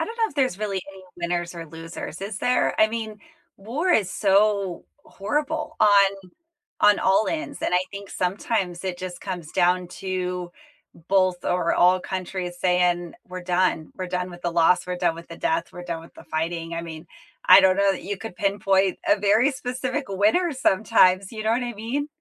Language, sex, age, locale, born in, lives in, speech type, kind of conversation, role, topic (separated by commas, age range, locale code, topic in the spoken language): English, female, 35-39, en-US, United States, United States, conversation, unstructured, none, What is a historical event that changed the world for the better?